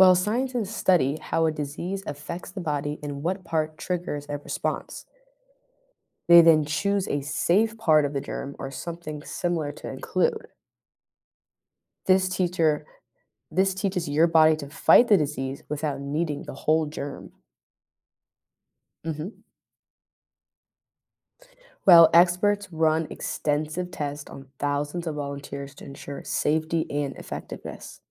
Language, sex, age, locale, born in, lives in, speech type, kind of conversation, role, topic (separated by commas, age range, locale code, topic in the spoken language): English, female, 20-24, en-US, United States, United States, read, expert-assistant, expert, How do vaccines work to protect against diseases?